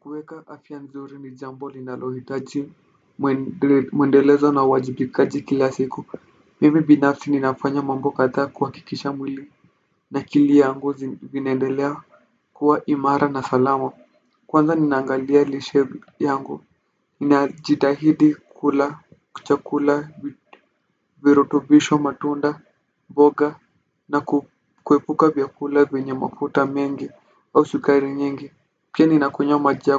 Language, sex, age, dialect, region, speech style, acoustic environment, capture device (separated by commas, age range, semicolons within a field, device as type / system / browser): Swahili, male, 18-24, Kenya - Nairobi Sheng-influenced, Kenya, relaxed, silence; nature, desktop / Windows / Firefox